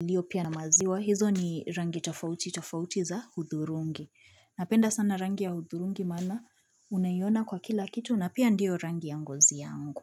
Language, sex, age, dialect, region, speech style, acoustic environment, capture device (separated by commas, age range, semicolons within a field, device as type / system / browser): Swahili, female, 25-34, Kenya - Nairobi Sheng-influenced, Kenya, relaxed, appliances; silence, mobile / Linux / Chrome